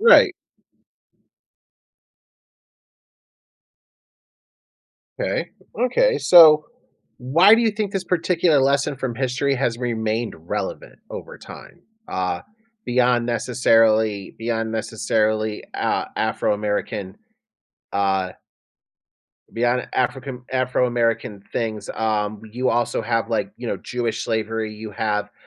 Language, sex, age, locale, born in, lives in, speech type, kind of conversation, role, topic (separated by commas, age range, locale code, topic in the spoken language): English, male, 35-39, en-US, United States, United States, conversation, podcast, host, How can lessons from the past help us navigate today’s challenges?